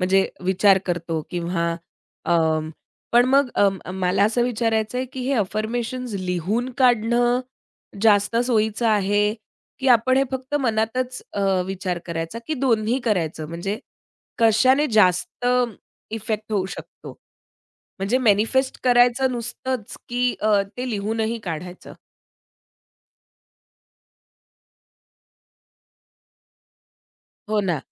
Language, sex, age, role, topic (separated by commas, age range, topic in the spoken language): Marathi, female, 30-34, host, विश्वास वाढवण्यासाठी रोज वापरता येतील अशी कोणती छोटी वाक्ये आहेत?